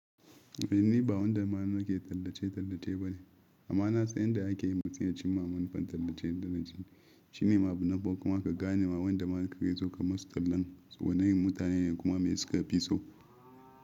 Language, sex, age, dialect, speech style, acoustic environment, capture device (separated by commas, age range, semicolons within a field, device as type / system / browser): Hausa, male, 25-34, Nigeria - Ibadan, happy, silence, mobile / Linux / Chrome